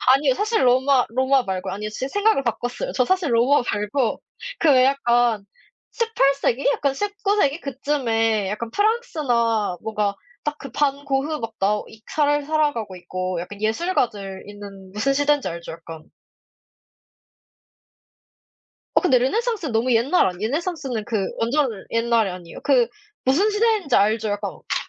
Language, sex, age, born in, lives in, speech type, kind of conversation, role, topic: Korean, female, 20-24, South Korea, South Korea, conversation, unstructured, none, 만약 과거로 돌아가 하루를 보낼 수 있다면, 어느 시대로 가고 싶으신가요?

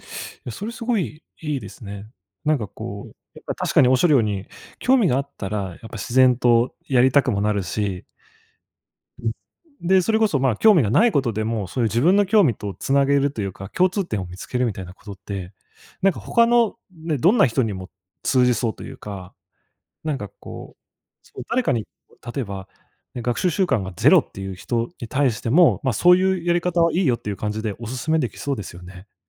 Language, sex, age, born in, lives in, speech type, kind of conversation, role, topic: Japanese, male, 25-29, Japan, Japan, conversation, podcast, host, 学習習慣を身につけるには、どんな工夫をすればよいですか？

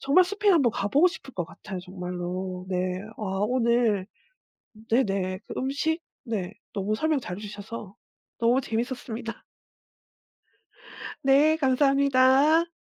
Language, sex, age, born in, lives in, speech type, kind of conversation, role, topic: Korean, female, 40-44, South Korea, South Korea, conversation, podcast, host, 여행 중 음식 때문에 크게 감동한 적 있나요?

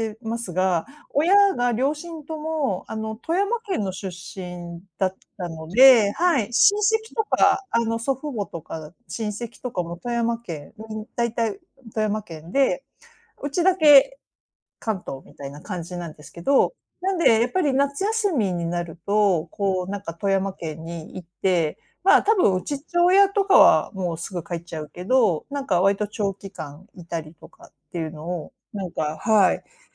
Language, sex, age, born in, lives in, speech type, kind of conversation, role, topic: Japanese, female, 50-54, Japan, Japan, conversation, unstructured, none, 家族と過ごした思い出の中で、特に印象に残っている出来事は何ですか？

